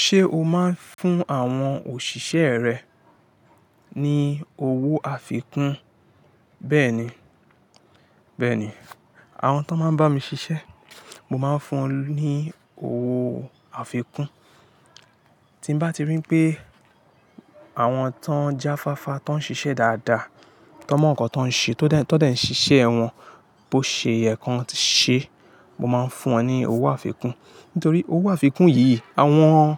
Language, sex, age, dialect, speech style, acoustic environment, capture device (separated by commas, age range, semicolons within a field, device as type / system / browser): Yoruba, male, 25-34, Nigeria - Lagos Yoruba, anxious, nature, mobile / Linux / Chrome